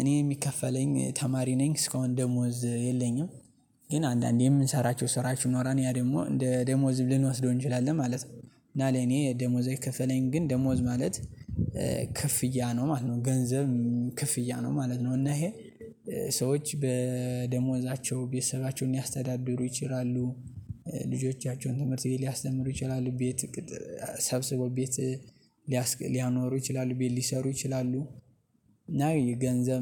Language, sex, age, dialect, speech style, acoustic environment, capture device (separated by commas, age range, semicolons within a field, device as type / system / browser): Amharic, male, 18-24, Ethiopia - Gondar, happy; excited, nature; silence, mobile / Linux / Chrome